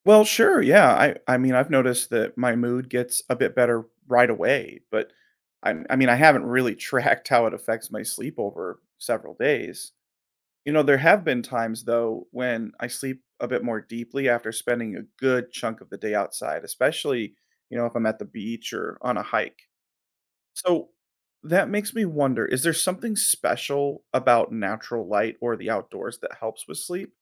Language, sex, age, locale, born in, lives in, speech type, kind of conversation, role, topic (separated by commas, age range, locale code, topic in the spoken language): English, male, 30-34, en-US, United States, United States, read, expert-assistant, asker, Why is it important to spend time in nature?